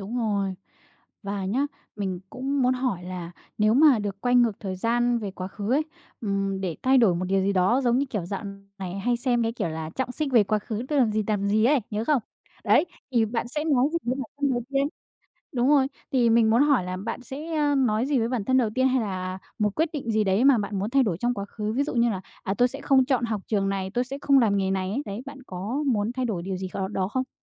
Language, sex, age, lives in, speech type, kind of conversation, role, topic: Vietnamese, female, 20-24, Vietnam, conversation, podcast, host, Bạn sẽ khuyên bản thân tuổi teen điều gì, thật lòng?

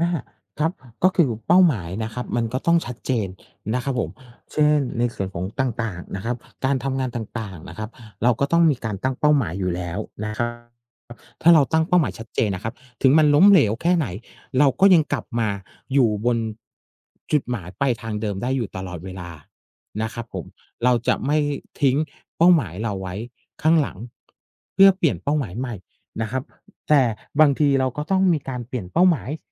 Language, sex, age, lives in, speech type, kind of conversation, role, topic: Thai, male, 45-49, Thailand, conversation, unstructured, none, คุณคิดว่าความล้มเหลวสอนอะไรเราได้บ้าง?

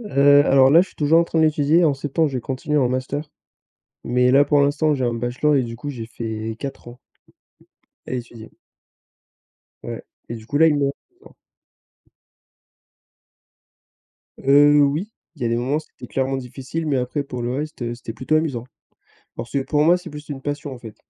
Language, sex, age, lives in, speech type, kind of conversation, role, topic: French, male, 20-24, France, conversation, unstructured, none, Comment imagines-tu ta vie dans dix ans ?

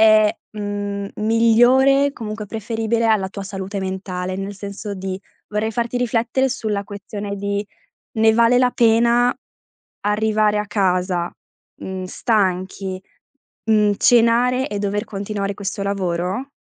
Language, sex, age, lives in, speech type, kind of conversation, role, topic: Italian, female, 20-24, Italy, conversation, advice, advisor, Come posso staccare dal lavoro anche fuori orario?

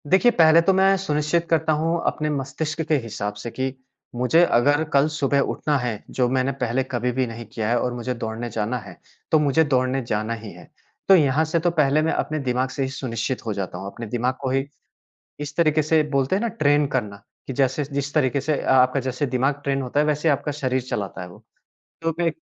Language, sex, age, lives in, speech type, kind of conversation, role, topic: Hindi, male, 30-34, India, conversation, podcast, guest, जब आपने कोई नई आदत अपनाई, तो उससे आपकी ज़िंदगी में क्या बदलाव आया?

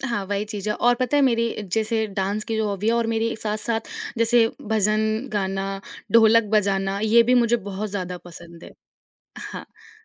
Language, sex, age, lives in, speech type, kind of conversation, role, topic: Hindi, female, 20-24, India, conversation, podcast, guest, Tum jab bore ho jaate ho, curiosity kaise wapas laate ho?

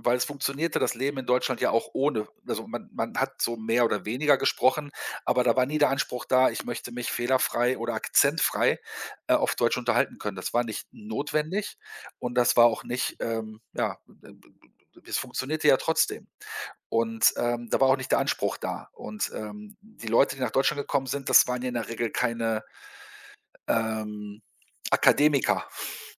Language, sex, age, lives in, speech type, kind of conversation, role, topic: German, male, 50-54, Germany, conversation, podcast, guest, Wie lernst du am besten eine neue Sprache?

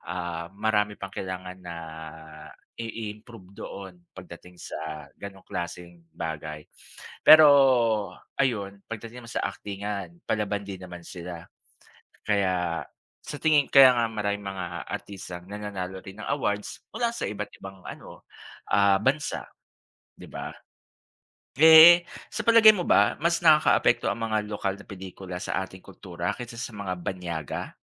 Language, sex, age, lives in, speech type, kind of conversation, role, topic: Filipino, male, 40-44, Philippines, conversation, unstructured, none, Ano ang opinyon mo tungkol sa mga lokal na pelikula kumpara sa mga banyaga?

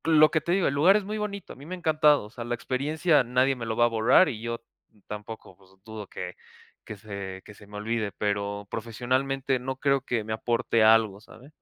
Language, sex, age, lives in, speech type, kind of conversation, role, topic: Spanish, male, 20-24, Mexico, conversation, advice, user, ¿Por dónde empiezo para cambiar de entorno y desbloquear mi creatividad?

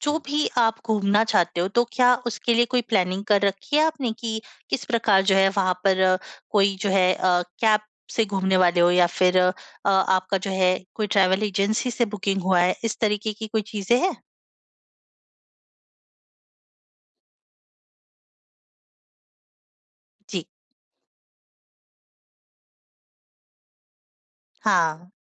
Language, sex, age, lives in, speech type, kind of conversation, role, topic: Hindi, female, 25-29, India, conversation, advice, advisor, मैं सीमित समय में किसी नए शहर को अच्छी तरह कैसे घूम सकता/सकती हूँ?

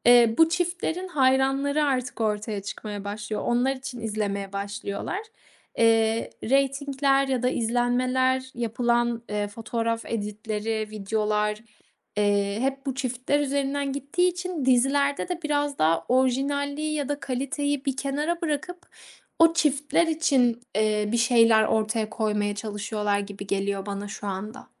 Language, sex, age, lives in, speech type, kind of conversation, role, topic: Turkish, female, 25-29, Italy, conversation, podcast, guest, Yayın takvimi: haftalık mı yoksa tüm sezonu aynı anda vermek mi daha iyi?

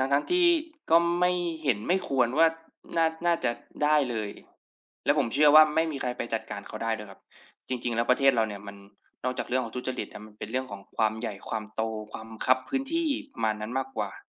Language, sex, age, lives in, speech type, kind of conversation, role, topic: Thai, male, 25-29, Thailand, conversation, unstructured, none, คุณรู้สึกอย่างไรเมื่อเห็นข่าวการทุจริตในวงการเมือง?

